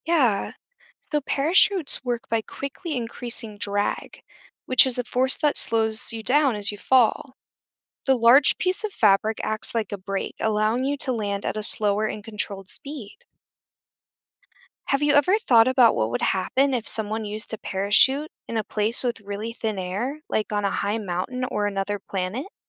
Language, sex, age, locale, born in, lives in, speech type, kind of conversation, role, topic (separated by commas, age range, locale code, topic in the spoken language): English, female, 25-29, en-US, United States, United States, read, expert-assistant, expert, How does a parachute slow someone down?